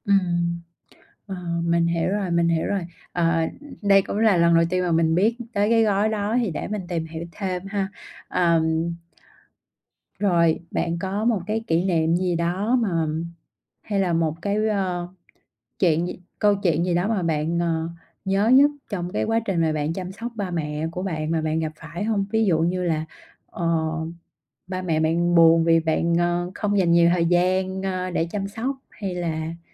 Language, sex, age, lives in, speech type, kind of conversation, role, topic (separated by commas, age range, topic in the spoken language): Vietnamese, female, 30-34, Vietnam, conversation, podcast, host, Khi cần chăm sóc bố mẹ già, bạn sẽ quyết định như thế nào?